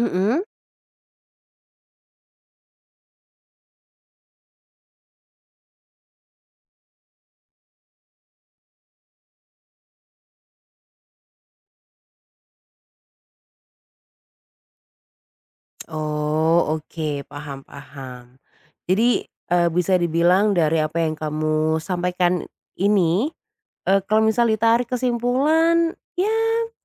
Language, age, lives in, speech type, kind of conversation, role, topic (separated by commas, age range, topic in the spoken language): Indonesian, 25-29, Indonesia, conversation, advice, advisor, Bagaimana cara menyaring ide dari berbagai sumber agar benar-benar berguna?